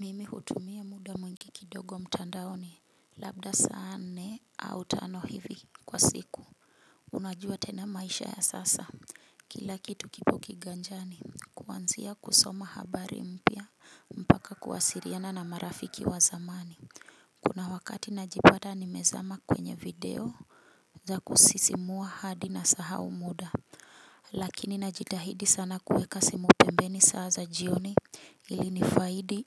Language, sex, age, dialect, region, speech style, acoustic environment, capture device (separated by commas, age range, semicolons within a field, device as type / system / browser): Swahili, female, 35-44, Kenya - Nairobi Sheng-influenced, Kenya, happy; relaxed, nature, mobile / Linux / Chrome